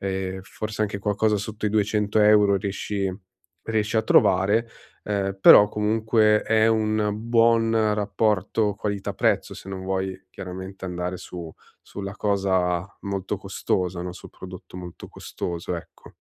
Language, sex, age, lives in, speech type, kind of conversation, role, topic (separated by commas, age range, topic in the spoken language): Italian, male, 30-34, Italy, conversation, advice, advisor, Come posso ridurre subito lo stress fisico?